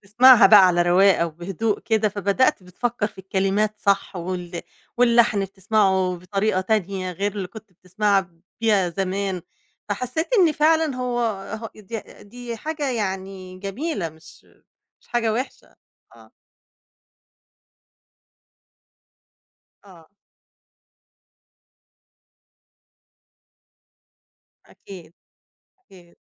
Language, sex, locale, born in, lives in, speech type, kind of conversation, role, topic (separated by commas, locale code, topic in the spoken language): Arabic, female, ar-EG, Egypt, Egypt, conversation, podcast, host, هل حصل قبل كده إنك رجعت تسمع أغاني زمان وغيّرت رأيك فيها؟ ليه؟